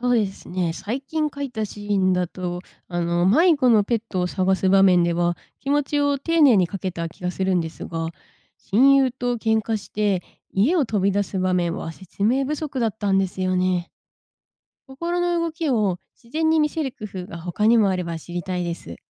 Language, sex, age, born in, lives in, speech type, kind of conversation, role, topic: Japanese, female, 25-29, Japan, Japan, read, expert-assistant, asker, 最近、物語を書いても途中でアイデアが止まってしまいます。どんなことが原因として考えられますか？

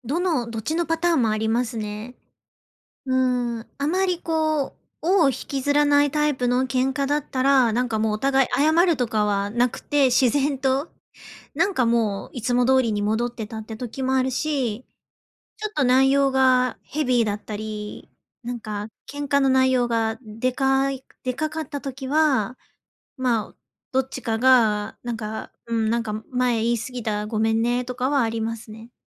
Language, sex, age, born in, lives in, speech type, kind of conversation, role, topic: Japanese, female, 30-34, Japan, Japan, conversation, advice, user, 家族の集まりで価値観の違いによる意見の対立を、穏やかに解消するにはどうすればよいですか？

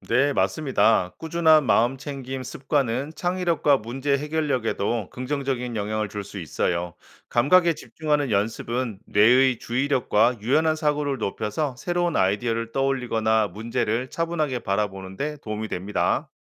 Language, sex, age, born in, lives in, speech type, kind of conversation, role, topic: Korean, male, 45-49, South Korea, United States, read, expert-assistant, expert, 바쁜 일상 속에서도 쉽게 실천할 수 있는 영적 습관에는 어떤 것이 있을까요?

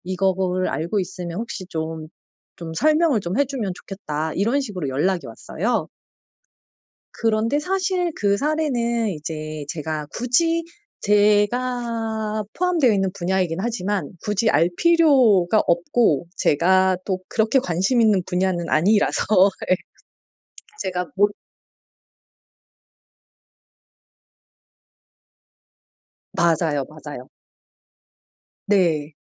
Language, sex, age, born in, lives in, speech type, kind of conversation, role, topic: Korean, female, 40-44, South Korea, South Korea, conversation, advice, user, 다른 사람의 기대와 내 진짜 모습을 어떻게 조화시킬 수 있을까요?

